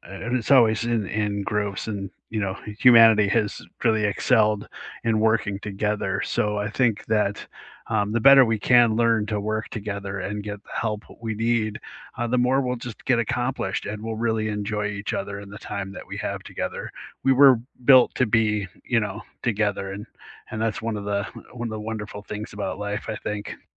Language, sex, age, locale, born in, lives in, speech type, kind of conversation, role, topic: English, male, 50-54, en-US, United States, United States, conversation, unstructured, none, What kind of support is most helpful to you when life gets busy?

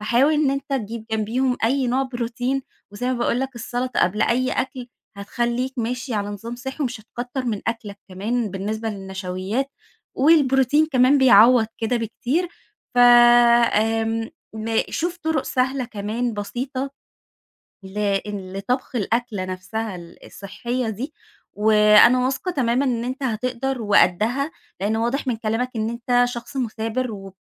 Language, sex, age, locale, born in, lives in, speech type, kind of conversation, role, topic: Arabic, female, 30-34, ar-EG, Egypt, Egypt, conversation, advice, advisor, إزاي أتعامل مع خوفي إني أرجع أسوأ بعد ما اتحسّنت شوية؟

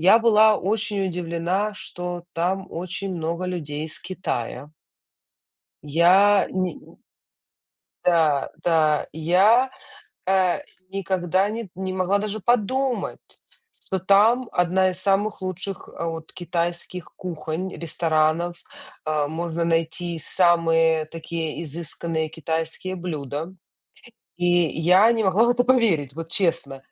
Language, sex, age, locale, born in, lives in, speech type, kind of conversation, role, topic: Russian, female, 35-39, ru-UA, Ukraine, United States, conversation, unstructured, none, Какое место в мире удивило тебя больше всего?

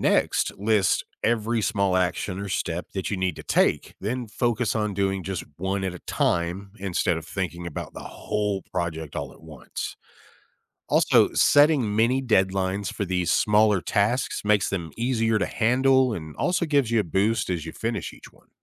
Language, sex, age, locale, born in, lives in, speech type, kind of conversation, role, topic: English, male, 35-39, en-US, United States, United States, read, expert-assistant, expert, How can you set realistic goals and achieve them?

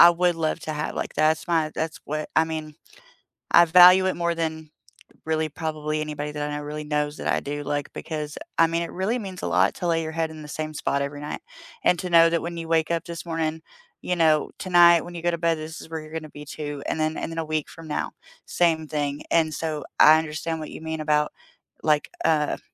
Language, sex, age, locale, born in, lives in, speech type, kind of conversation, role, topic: English, female, 30-34, en-US, United States, United States, conversation, unstructured, none, What would your ideal day look like a few years from now, and who and what would fill it?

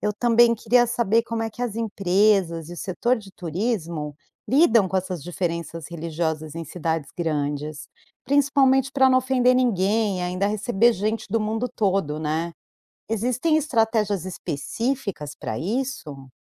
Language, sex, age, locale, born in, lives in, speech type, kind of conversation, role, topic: Portuguese, female, 45-49, pt-BR, Brazil, United States, read, expert-assistant, asker, Como a religião influencia o cotidiano em diferentes países?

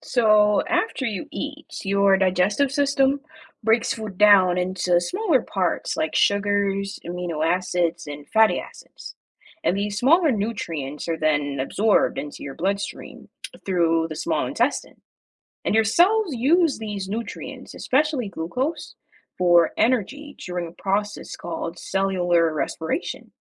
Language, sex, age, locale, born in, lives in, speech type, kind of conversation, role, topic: English, male, 25-29, en-US, Jamaica, United States, read, expert-assistant, expert, How does the digestive system convert food to energy?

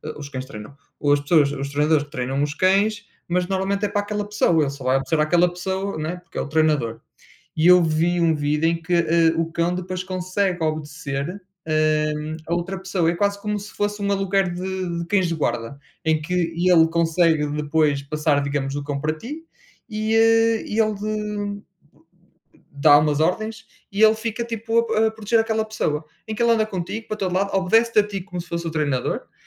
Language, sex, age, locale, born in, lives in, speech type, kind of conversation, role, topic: Portuguese, male, 30-34, pt-PT, Portugal, Portugal, conversation, unstructured, none, Qual é a importância dos animais de estimação na vida das pessoas?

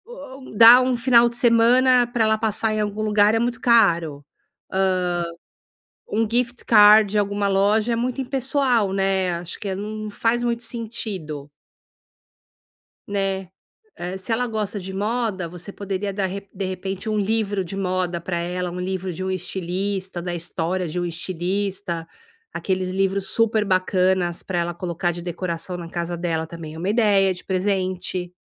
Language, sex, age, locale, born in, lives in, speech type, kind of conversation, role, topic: Portuguese, female, 50-54, pt-BR, Brazil, United States, conversation, advice, advisor, Como encontrar um presente realmente significativo para alguém?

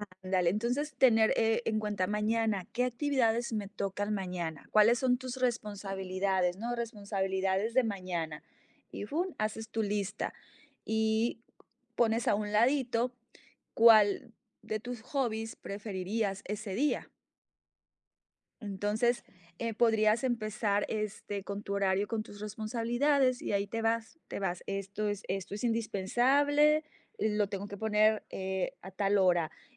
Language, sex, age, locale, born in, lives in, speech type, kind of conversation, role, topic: Spanish, female, 40-44, es-MX, Mexico, United States, conversation, advice, advisor, ¿Cómo puedo equilibrar mis hobbies con mis responsabilidades diarias?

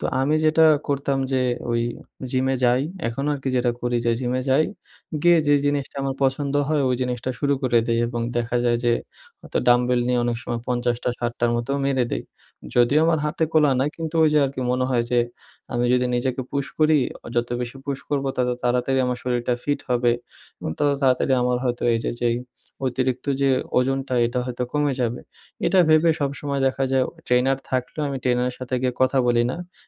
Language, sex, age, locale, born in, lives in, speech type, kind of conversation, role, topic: Bengali, male, 20-24, bn-BD, Bangladesh, Bangladesh, conversation, advice, user, অতিরিক্ত ব্যায়ামের কারণে ক্লান্তি ও বারবার পেশীতে ব্যথা হলে কী করা উচিত?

